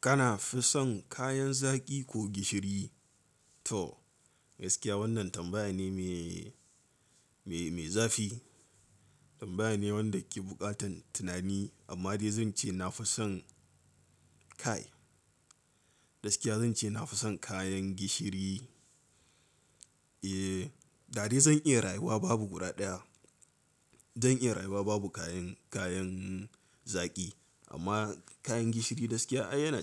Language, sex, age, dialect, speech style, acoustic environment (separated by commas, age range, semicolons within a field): Hausa, male, 18-24, Nigeria - Katsina, nervous; excited, silence